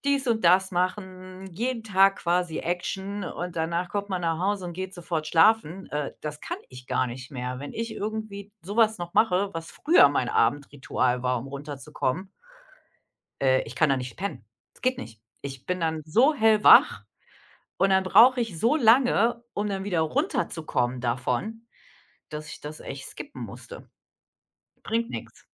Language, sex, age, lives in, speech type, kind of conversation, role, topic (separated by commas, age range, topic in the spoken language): German, female, 45-49, Germany, conversation, unstructured, none, Was machst du, damit du abends gut runterkommen kannst?